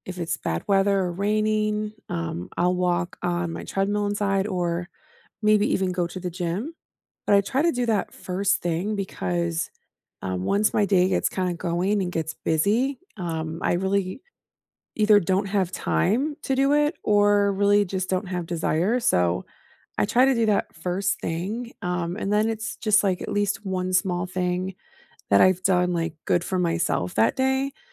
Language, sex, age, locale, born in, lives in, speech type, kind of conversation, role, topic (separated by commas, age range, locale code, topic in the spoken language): English, female, 35-39, en-US, United States, United States, conversation, unstructured, none, What small morning rituals help you feel grounded, energized, and more connected to your day?